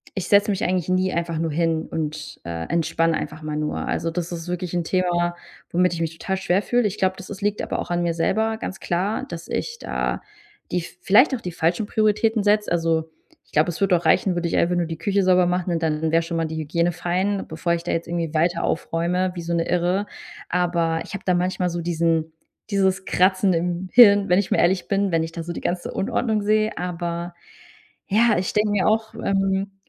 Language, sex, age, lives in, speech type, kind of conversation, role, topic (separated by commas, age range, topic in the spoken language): German, female, 30-34, Germany, conversation, advice, user, Wie kann ich besser mit den ständigen Veränderungen im Alltag durch neue Eltern- oder Pflegeaufgaben umgehen?